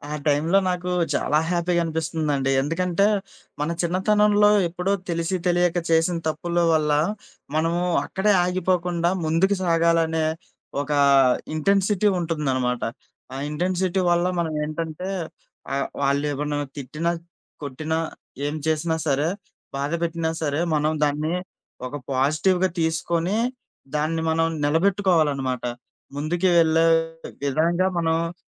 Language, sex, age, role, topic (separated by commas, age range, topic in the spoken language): Telugu, male, 25-29, guest, ప్రకృతిలో గడిపిన ఒక్క క్షణం మీ జీవితాన్ని మార్చిందా?